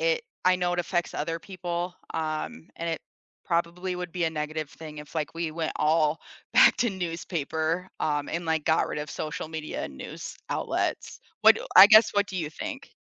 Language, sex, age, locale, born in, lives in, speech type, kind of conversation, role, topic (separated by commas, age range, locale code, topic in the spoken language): English, female, 25-29, en-US, United States, United States, conversation, unstructured, none, What is your go-to way to keep up with local government decisions and neighborhood updates?